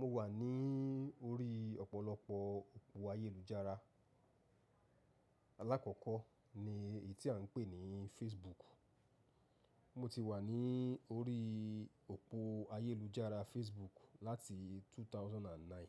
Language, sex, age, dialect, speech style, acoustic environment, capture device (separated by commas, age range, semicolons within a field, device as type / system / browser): Yoruba, male, 35-44, Nigeria - Lagos Yoruba, relaxed, silence, mobile / macOS / Safari